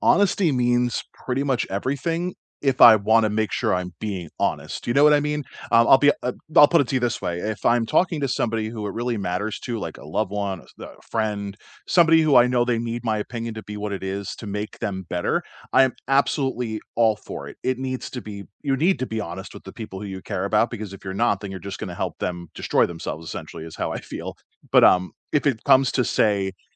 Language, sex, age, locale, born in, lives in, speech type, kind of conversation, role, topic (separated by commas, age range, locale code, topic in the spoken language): English, male, 35-39, en-US, United States, United States, conversation, unstructured, none, What does honesty mean to you in daily life?